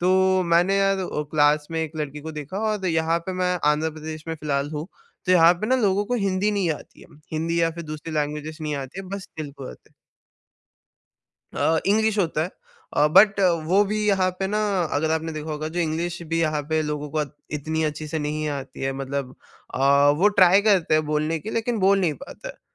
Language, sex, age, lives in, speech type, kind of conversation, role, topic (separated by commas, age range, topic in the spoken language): Hindi, male, 18-19, India, conversation, podcast, guest, Difficult conversation shuru karne ke liye pehli line kya honi chahiye?